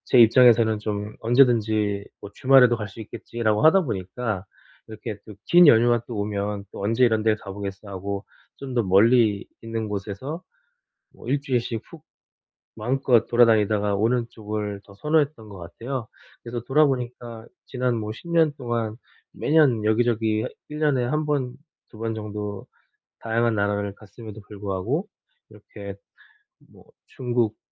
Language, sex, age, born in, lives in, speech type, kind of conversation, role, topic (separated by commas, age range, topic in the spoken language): Korean, male, 40-44, South Korea, South Korea, conversation, advice, user, 짧은 휴가를 가장 효율적으로 보내는 방법은 무엇인가요?